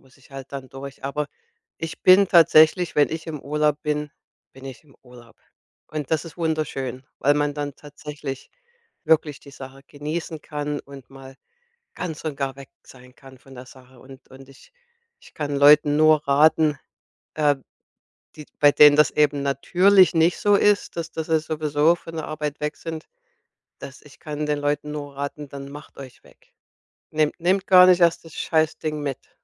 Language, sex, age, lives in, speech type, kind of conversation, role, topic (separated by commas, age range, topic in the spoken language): German, female, 55-59, United States, conversation, podcast, guest, Wie schaffst du es, im Urlaub wirklich abzuschalten, ohne zu arbeiten?